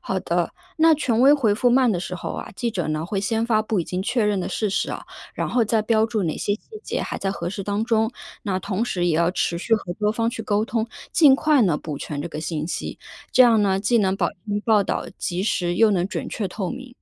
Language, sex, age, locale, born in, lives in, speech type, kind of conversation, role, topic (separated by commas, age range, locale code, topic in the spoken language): Chinese, female, 30-34, zh-CN, China, United States, read, expert-assistant, expert, 新闻记者平时用哪些方法来确保报道快速又靠谱？